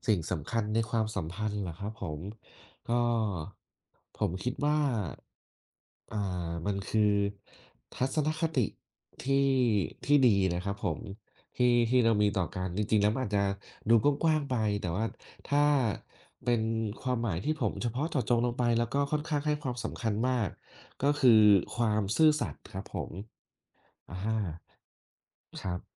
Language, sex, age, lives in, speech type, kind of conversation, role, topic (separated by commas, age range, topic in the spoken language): Thai, male, 30-34, Thailand, conversation, unstructured, none, คุณคิดว่าสิ่งสำคัญที่สุดในความสัมพันธ์คืออะไร?